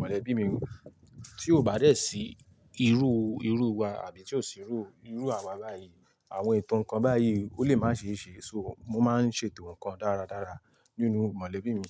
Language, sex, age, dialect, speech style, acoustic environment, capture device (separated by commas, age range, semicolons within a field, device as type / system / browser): Yoruba, male, 18-24, Nigeria - Ibadan, happy, nature, mobile / Linux / Chrome